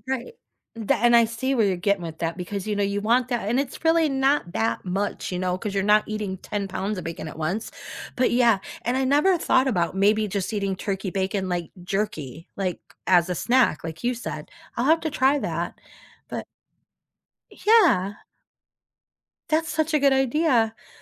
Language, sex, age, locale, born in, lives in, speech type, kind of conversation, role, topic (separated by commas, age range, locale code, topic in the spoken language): English, female, 50-54, en-US, United States, United States, conversation, unstructured, none, Which healthy comfort foods do you reach for, and what memories and rituals make them special?